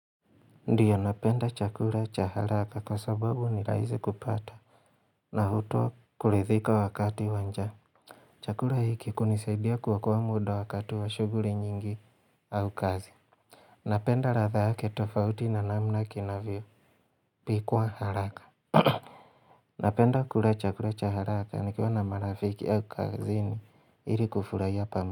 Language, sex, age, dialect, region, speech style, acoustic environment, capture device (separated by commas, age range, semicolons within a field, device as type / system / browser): Swahili, male, 25-34, Kenya - Mombasa, Kenya, relaxed; focused, silence; nature, mobile / Linux / Chrome